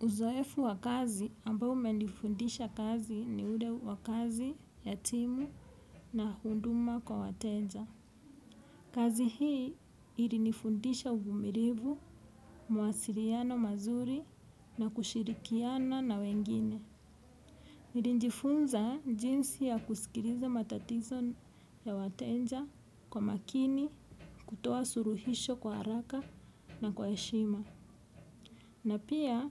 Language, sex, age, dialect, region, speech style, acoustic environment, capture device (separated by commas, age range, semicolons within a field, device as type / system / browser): Swahili, female, 35-44, Kenya - Nairobi Sheng-influenced, Kenya, happy, people talking; music; traffic; construction; appliances, desktop / Windows / Edge